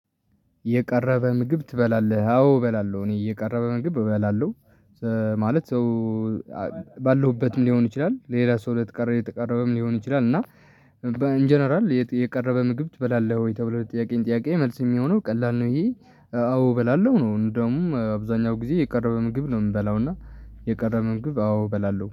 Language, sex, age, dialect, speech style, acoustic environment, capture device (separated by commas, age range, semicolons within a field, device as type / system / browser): Amharic, male, 18-24, Ethiopia - Tigray, relaxed, traffic; people talking, mobile / Linux / Chrome